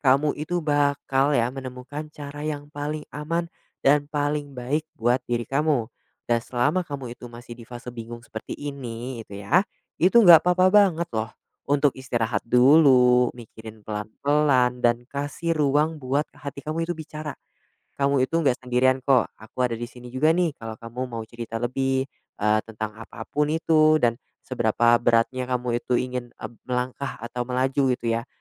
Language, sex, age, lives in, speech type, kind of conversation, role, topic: Indonesian, male, 20-24, Indonesia, conversation, advice, advisor, Bagaimana sebaiknya saya menyikapi keinginan pindah kerja atau pindah tempat tinggal jika saya takut kehilangan stabilitas?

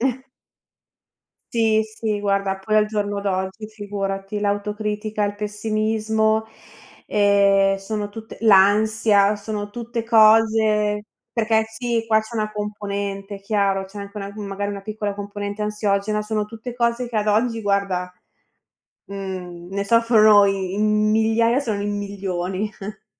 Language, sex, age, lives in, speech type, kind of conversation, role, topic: Italian, female, 30-34, Italy, conversation, advice, advisor, Come si manifesta la tua autocritica cronica che finisce per bloccare tutte le tue idee?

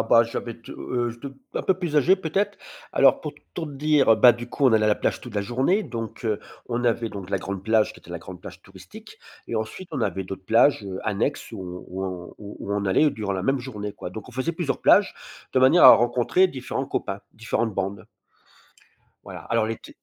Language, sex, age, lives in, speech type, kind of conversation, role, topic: French, male, 50-54, France, conversation, podcast, guest, Quel souvenir d’enfance t’a vraiment marqué ?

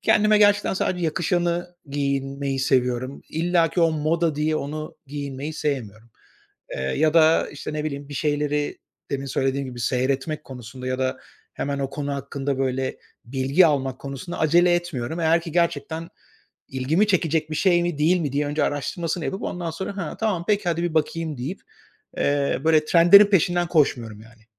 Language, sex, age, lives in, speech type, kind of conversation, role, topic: Turkish, male, 45-49, Spain, conversation, podcast, guest, Trendlerle özgünlüğü nasıl dengeliyorsun?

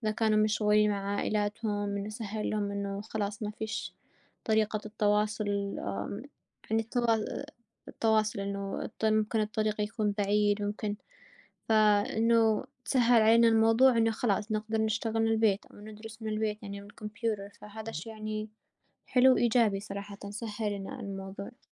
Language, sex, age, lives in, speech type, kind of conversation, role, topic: Arabic, female, 20-24, United States, conversation, unstructured, none, إزاي التكنولوجيا بتأثر على عاداتنا اليومية؟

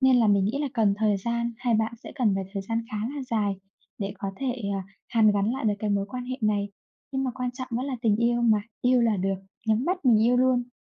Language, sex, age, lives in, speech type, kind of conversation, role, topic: Vietnamese, female, 25-29, Vietnam, conversation, unstructured, none, Có nên tha thứ khi người mình yêu đã phản bội không?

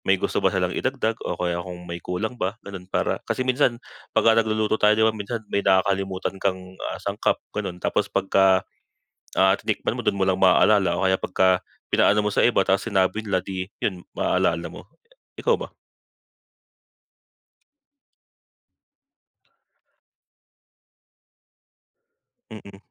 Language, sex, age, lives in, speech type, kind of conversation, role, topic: Filipino, male, 25-29, Philippines, conversation, unstructured, none, Paano ka naghahanda ng isang simpleng ulam para sa pamilya?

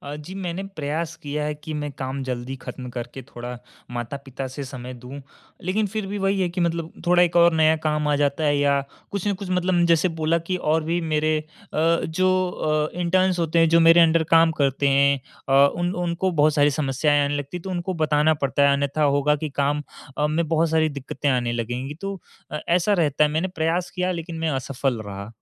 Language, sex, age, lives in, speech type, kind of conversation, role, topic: Hindi, male, 18-19, India, conversation, advice, user, मुझे काम और निजी जीवन के बीच सीमाएँ तय करना कहाँ से शुरू करना चाहिए?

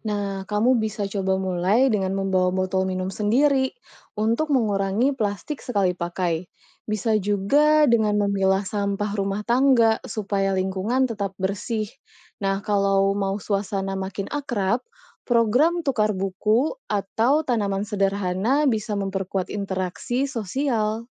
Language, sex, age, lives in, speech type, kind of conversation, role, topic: Indonesian, female, 30-34, Indonesia, read, expert-assistant, expert, Bagaimana saya bisa memulai kebiasaan kecil yang berdampak besar pada kesehatan masyarakat sekitar saya?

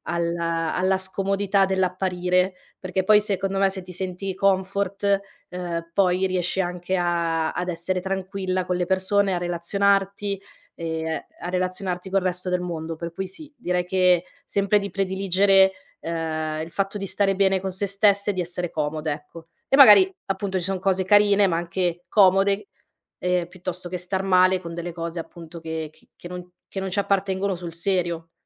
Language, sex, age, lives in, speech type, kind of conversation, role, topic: Italian, female, 30-34, Italy, conversation, podcast, guest, Come influisce l’abbigliamento sul tuo umore di tutti i giorni?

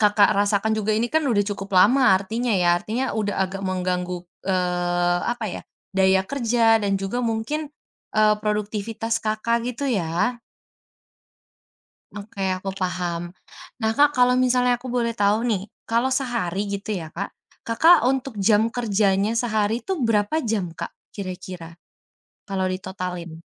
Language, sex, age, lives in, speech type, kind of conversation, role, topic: Indonesian, female, 20-24, Indonesia, conversation, advice, advisor, Bagaimana caranya agar saya punya cukup waktu untuk beristirahat atau menekuni hobi meski pekerjaan menyita banyak waktu?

